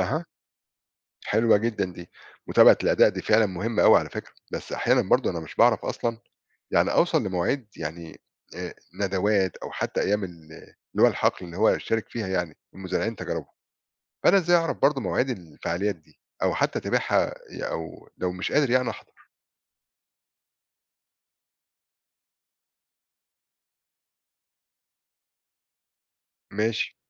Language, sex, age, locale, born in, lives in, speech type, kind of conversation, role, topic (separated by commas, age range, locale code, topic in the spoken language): Arabic, male, 40-44, ar-EG, Egypt, Portugal, read, expert-assistant, asker, لو حابب أطور مزرعة عيلتي بتقنيات جديدة، إيه أفضل طريقة أبحث بيها عن حلول فعلاً مناسبة لظروفنا في مصر؟